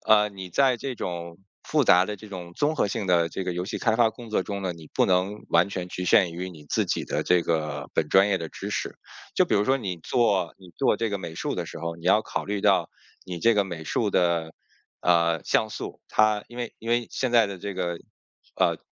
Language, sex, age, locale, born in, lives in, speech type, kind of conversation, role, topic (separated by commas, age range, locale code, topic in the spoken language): Chinese, male, 40-44, zh-CN, China, United States, conversation, podcast, guest, 初学者入门有哪些建议？